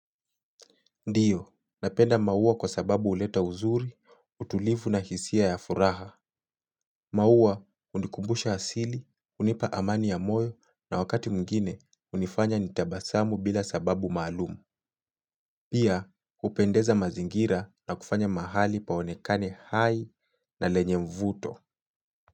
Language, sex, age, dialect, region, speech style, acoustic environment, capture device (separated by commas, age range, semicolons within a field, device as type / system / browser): Swahili, male, 25-34, Kenya - Mombasa, Kenya, focused, silence, mobile / Linux / Chrome